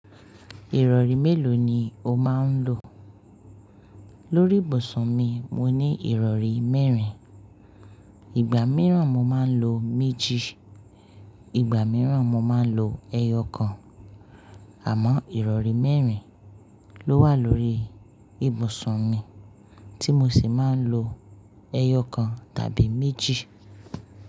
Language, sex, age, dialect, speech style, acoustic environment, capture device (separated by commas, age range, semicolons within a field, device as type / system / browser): Yoruba, female, 25-34, Nigeria - Lagos Yoruba, happy; focused, appliances, mobile / Linux / Chrome